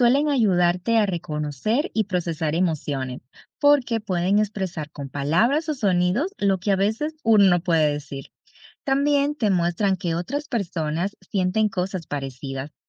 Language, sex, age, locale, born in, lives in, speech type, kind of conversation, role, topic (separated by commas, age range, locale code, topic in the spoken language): Spanish, female, 30-34, es-DO, Dominican Republic, United States, read, expert-assistant, expert, Explica cómo el arte puede expresar ideas y sentimientos.